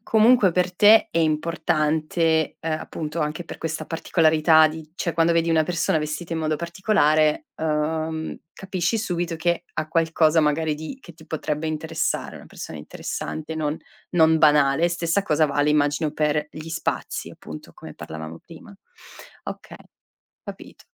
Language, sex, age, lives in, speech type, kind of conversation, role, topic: Italian, female, 35-39, Italy, conversation, podcast, host, Come usi la luce naturale negli spazi di casa tua?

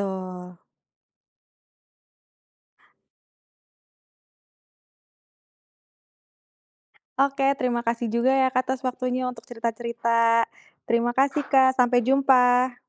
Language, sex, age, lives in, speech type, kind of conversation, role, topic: Indonesian, female, 30-34, Indonesia, conversation, podcast, host, Saran apa yang cocok buat orang yang pengin mulai hobi, tapi susah banget untuk mulai?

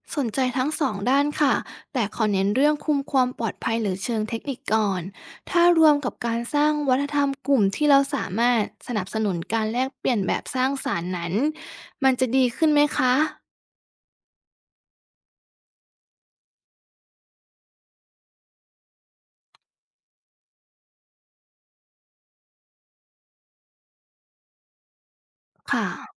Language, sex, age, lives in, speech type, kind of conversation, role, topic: Thai, female, 30-34, Thailand, read, expert-assistant, asker, จะออกแบบประสบการณ์ใหม่ๆ บนสื่อดิจิทัลอย่างไร ให้คนรู้สึกใกล้ชิดกันมากขึ้นในสังคมออนไลน์?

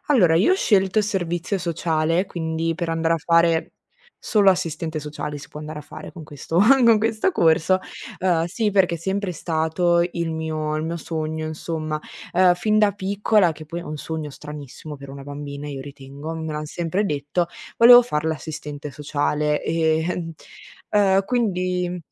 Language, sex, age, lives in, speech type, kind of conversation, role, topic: Italian, female, 20-24, Italy, conversation, unstructured, none, Come ti immagini la tua carriera tra cinque anni?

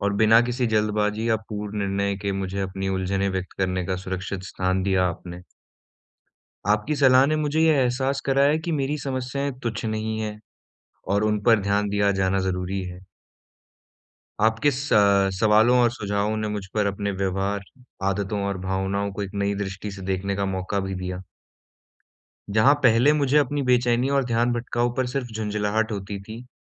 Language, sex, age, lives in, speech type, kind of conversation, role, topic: Hindi, male, 20-24, India, conversation, advice, user, मनोरंजन करते समय मैं अपना ध्यान कैसे बनाए रखूँ?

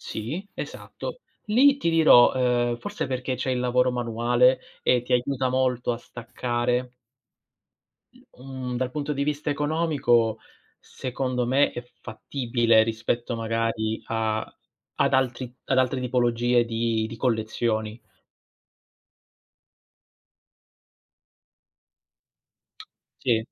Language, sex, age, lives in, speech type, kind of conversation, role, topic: Italian, male, 30-34, Italy, conversation, unstructured, none, Cosa ti fa pensare che il collezionismo sia un passatempo inutile?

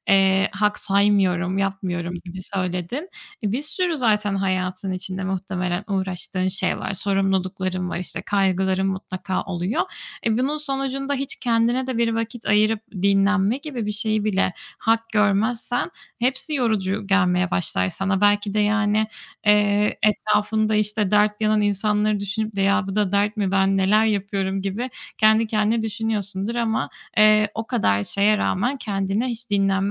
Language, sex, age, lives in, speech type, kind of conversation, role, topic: Turkish, female, 25-29, Spain, conversation, advice, advisor, Tükenmiş hissederken iş ve sorumluluklar arasında kendime şefkat gösterip dinlenmeye nasıl izin verebilirim?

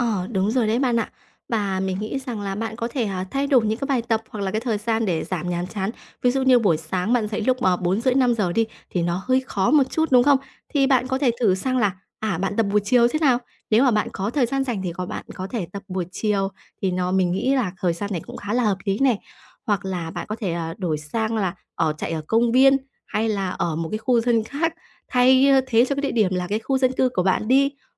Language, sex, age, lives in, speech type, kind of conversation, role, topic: Vietnamese, female, 20-24, Vietnam, conversation, advice, advisor, Làm sao để lấy lại động lực và duy trì việc luyện tập hằng tuần?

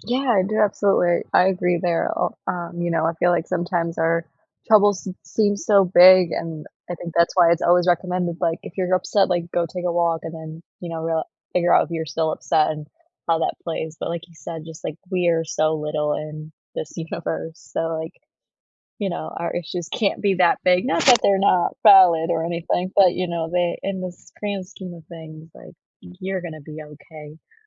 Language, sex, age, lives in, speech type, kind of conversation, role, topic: English, female, 25-29, United States, conversation, unstructured, none, How do you incorporate nearby nature into your daily life where you live, and what does it give you?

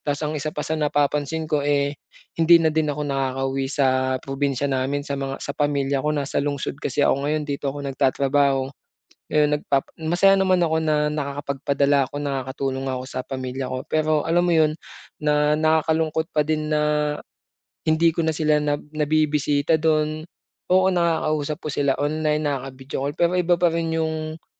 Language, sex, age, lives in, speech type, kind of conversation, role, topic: Filipino, male, 25-29, Philippines, conversation, advice, user, Paano ko maibabalanse ang oras para sa pamilya at trabaho gamit ang pagbabahagi ng oras sa iskedyul?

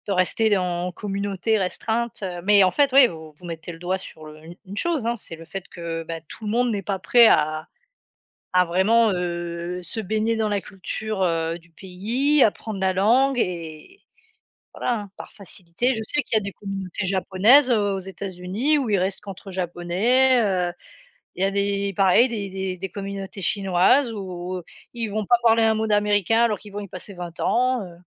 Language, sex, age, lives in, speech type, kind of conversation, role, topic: French, female, 35-39, France, conversation, unstructured, none, Comment votre culture perçoit-elle l’apprentissage des langues ?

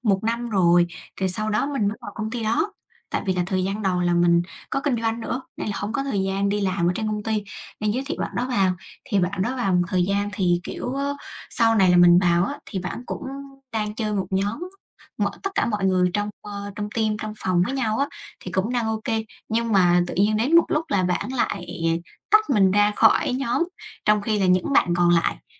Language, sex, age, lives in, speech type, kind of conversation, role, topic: Vietnamese, female, 20-24, Vietnam, conversation, unstructured, none, Theo bạn, khi nào thì mình nên tha thứ cho người khác?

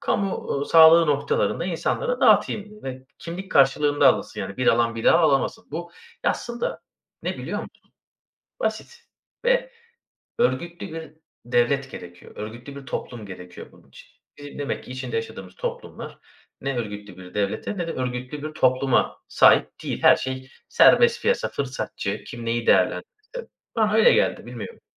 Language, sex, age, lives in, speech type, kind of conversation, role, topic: Turkish, male, 30-34, Bulgaria, conversation, unstructured, none, Pandemilerle mücadelede devletin rolü ne olmalı?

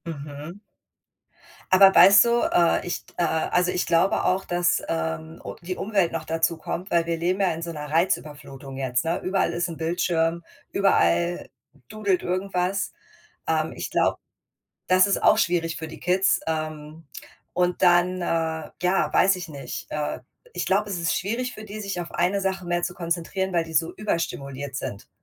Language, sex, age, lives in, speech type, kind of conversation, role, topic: German, female, 40-44, United States, conversation, unstructured, none, Wie viel Leistungsdruck ist in der Schule zu viel?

